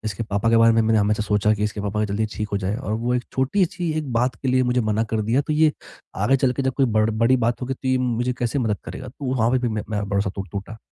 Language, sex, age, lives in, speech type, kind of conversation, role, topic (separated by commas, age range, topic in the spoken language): Hindi, male, 20-24, India, conversation, advice, user, भाई-बहनों के साथ भरोसा कैसे बनाऊँ और बेहतर संवाद कैसे करूँ?